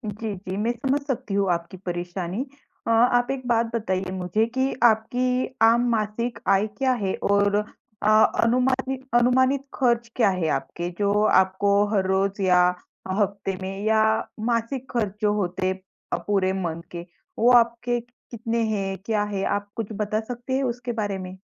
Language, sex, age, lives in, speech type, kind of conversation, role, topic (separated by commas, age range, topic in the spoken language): Hindi, female, 25-29, India, conversation, advice, advisor, अपने नए घर के खर्चों और बजट की अनिश्चितता से होने वाले तनाव के बारे में आप क्या बताना चाहेंगे?